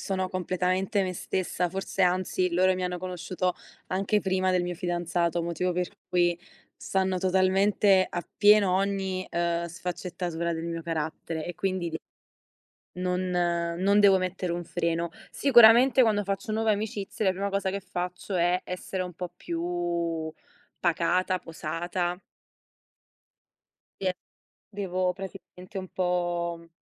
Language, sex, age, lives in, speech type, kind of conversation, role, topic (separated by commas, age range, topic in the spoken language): Italian, female, 25-29, Italy, conversation, unstructured, none, Come ti senti quando riesci a esprimerti liberamente?